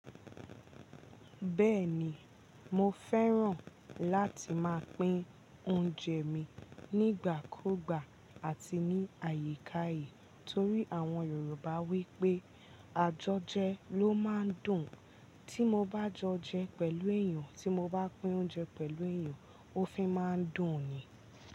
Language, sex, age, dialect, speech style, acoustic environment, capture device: Yoruba, female, 18-24, Nigeria - Ibadan, relaxed, silence, mobile / Linux / Chrome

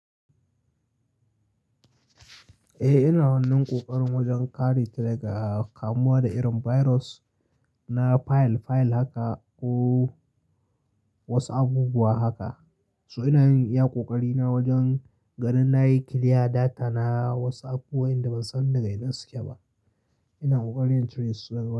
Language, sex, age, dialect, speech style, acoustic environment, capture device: Hausa, male, 25-34, Nigeria - Kano, happy, nature, mobile / Linux / Chrome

